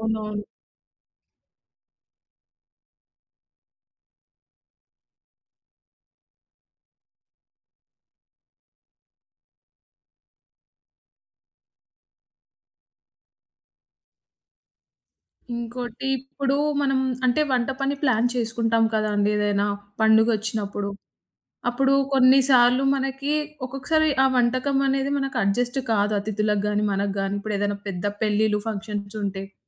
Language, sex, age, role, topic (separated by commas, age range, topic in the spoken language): Telugu, female, 20-24, host, పండుగ కోసం వంటపని ప్రణాళికను మీరు ఎలా సిద్ధం చేసుకోగలరు?